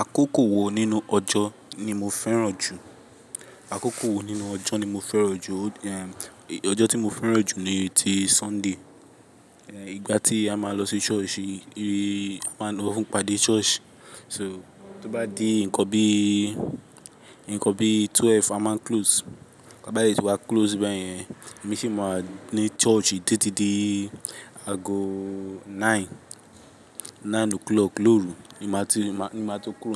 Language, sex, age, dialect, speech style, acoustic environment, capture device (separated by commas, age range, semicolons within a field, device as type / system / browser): Yoruba, male, 18-24, Nigeria - Lagos, focused, people talking; construction; appliances, mobile / Linux / Chrome